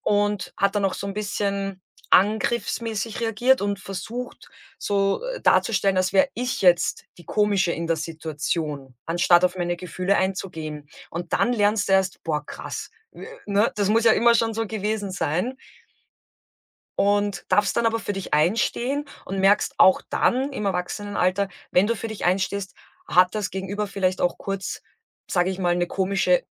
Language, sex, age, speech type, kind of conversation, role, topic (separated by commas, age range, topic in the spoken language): German, female, 35-39, conversation, podcast, guest, Wie kann man innerhalb der Familie klare und gesunde Grenzen setzen?